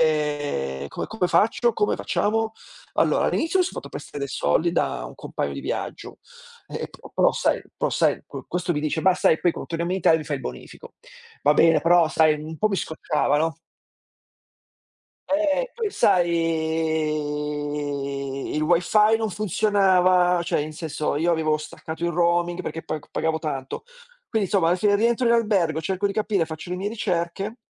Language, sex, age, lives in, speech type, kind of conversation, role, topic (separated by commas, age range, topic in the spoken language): Italian, male, 45-49, Italy, conversation, unstructured, none, Qual è il ricordo più sorprendente che conservi di un viaggio?